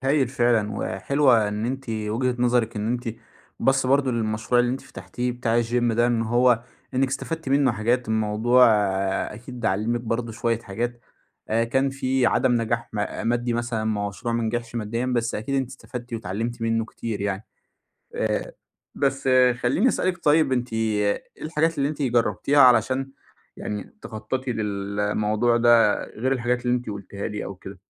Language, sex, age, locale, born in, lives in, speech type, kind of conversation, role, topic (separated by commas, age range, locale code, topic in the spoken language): Arabic, male, 25-29, ar-EG, Egypt, Egypt, conversation, advice, advisor, إزاي أبدأ نشاط جديد من غير ما أخاف من الفشل؟